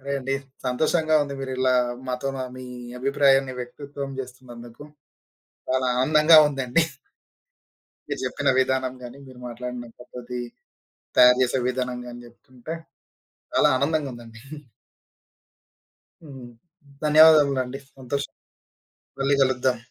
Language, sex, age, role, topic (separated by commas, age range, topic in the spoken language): Telugu, male, 25-29, host, మీ చిన్నప్పటిలో మీకు అత్యంత ఇష్టమైన ఆహారం ఏది?